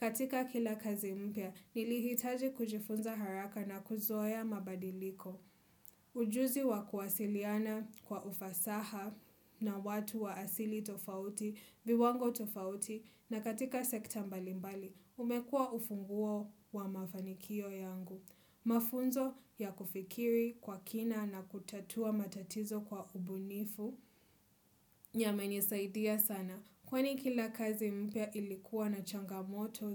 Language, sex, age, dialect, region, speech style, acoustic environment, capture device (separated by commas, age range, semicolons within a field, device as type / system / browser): Swahili, female, 25-34, Kenya - Mombasa, Kenya, happy; relaxed; focused, people talking; nature; silence; music; appliances, desktop / Windows / Chrome